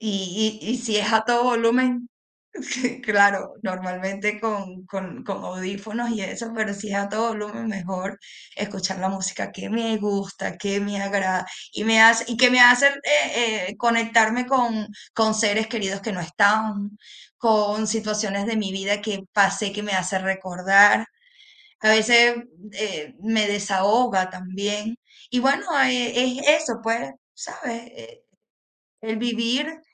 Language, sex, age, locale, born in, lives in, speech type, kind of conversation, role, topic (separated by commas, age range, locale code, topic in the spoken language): Spanish, female, 35-39, es-VE, Venezuela, Spain, conversation, unstructured, none, ¿Qué te hace sentir más auténtico contigo mismo?